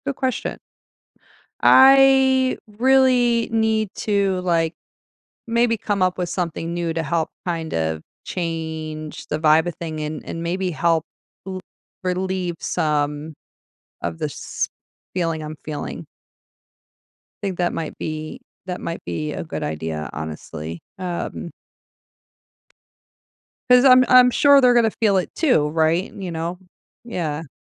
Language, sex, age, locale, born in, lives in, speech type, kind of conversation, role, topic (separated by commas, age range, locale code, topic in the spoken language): English, female, 40-44, en-US, United States, United States, conversation, advice, user, How can I cope with feeling lonely during the holidays?